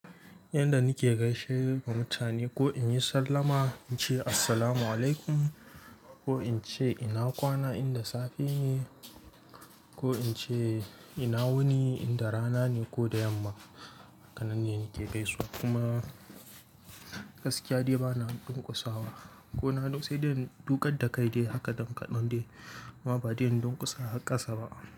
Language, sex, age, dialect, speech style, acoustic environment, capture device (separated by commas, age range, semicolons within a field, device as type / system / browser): Hausa, male, 18-24, Nigeria - Kano, nervous, nature; silence, mobile / Linux / Chrome